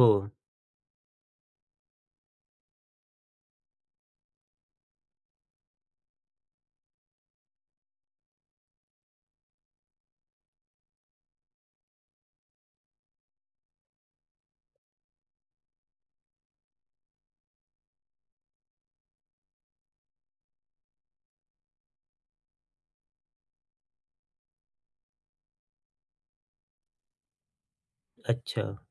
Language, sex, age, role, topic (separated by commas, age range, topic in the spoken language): Marathi, male, 35-39, host, एकटेपणा वाटल्यावर तुम्ही काय करता?